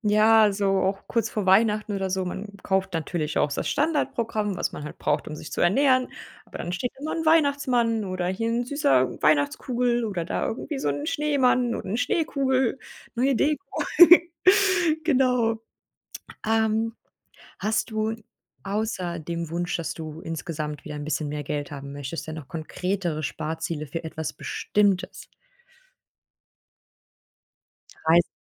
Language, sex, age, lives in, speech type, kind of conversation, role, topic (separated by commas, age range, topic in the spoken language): German, female, 30-34, Germany, conversation, advice, advisor, Wie kann ich mit der Angst umgehen, beim Sparen etwas zu verpassen?